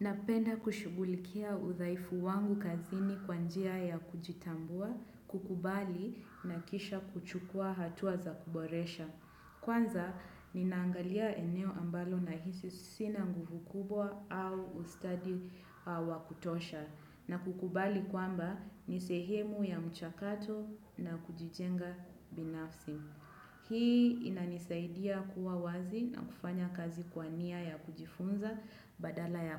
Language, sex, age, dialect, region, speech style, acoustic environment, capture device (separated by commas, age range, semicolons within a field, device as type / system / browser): Swahili, female, 25-34, Kenya - Mombasa, Kenya, focused, nature; silence, desktop / Windows / Chrome